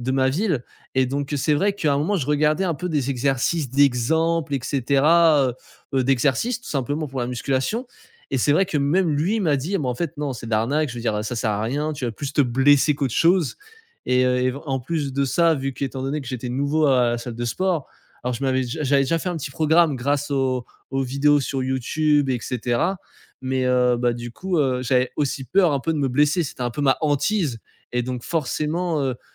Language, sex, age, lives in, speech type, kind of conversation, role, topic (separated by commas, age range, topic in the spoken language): French, male, 20-24, France, conversation, podcast, guest, Comment choisis-tu ce que tu veux apprendre ensuite ?